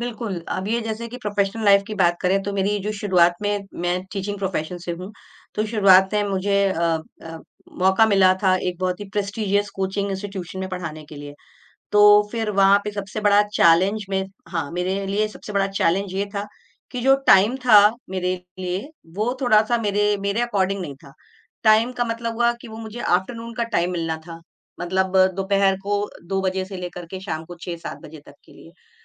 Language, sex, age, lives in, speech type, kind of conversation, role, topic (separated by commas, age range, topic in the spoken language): Hindi, female, 45-49, India, conversation, podcast, guest, Comfort zone aur naye challenges ke beech tum balance kaise banate ho?